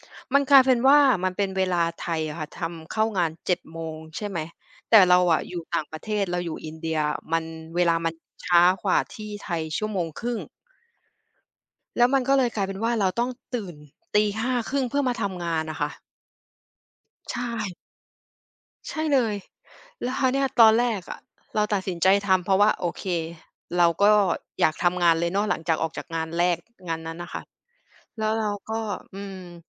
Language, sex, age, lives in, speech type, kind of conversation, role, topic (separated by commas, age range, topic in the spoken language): Thai, female, 30-34, Thailand, conversation, podcast, guest, ทำอย่างไรถึงจะรักษาสมดุลงานกับชีวิตส่วนตัวได้จริงๆ?